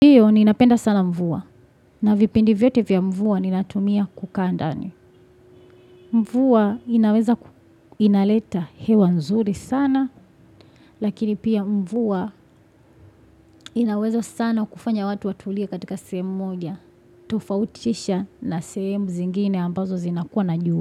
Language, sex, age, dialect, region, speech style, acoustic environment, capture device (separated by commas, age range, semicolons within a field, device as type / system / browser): Swahili, female, 25-34, Tanzania - Dar es Salaam, Tanzania, focused, appliances, desktop / Windows / Chrome